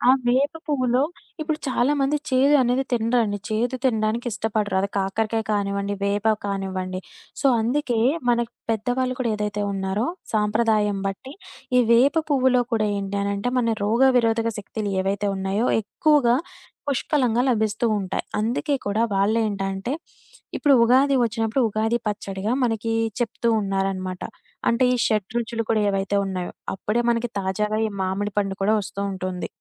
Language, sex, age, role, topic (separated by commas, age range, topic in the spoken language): Telugu, female, 25-29, guest, సీజనల్ పదార్థాల రుచి వంటపై ఎలా ప్రభావం చూపుతుంది?